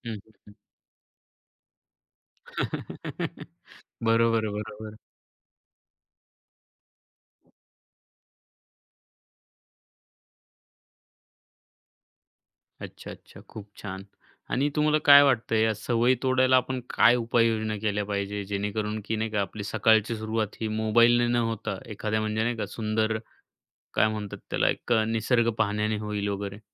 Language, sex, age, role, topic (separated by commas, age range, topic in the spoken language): Marathi, male, 25-29, host, सकाळी तुम्ही मोबाईल पाहायला कधी सुरुवात करता आणि त्यामागचं कारण काय असतं?